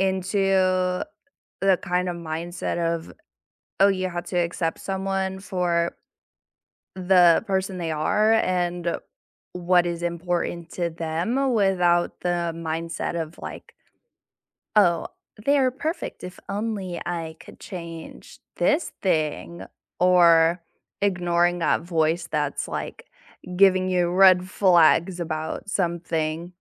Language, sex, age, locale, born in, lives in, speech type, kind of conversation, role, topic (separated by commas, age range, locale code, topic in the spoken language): English, female, 20-24, en-US, United States, United States, conversation, unstructured, none, What qualities do you value most in a partner?